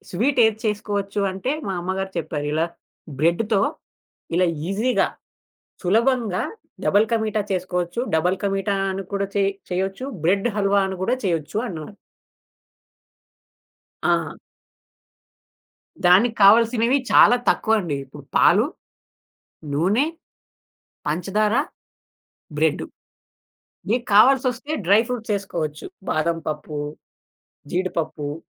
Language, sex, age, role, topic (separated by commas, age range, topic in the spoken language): Telugu, male, 20-24, guest, పండుగ వంటలను శ్రద్ధపూర్వకంగా ఎలా సిద్ధం చేస్తారు?